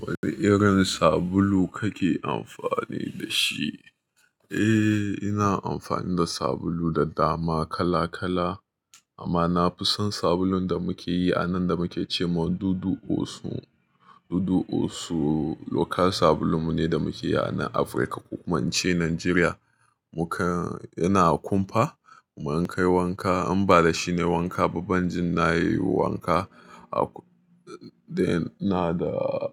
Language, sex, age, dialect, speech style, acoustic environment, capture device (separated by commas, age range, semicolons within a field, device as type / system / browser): Hausa, male, 25-34, Nigeria - Nigerian Standard, happy, appliances, mobile / Linux / Chrome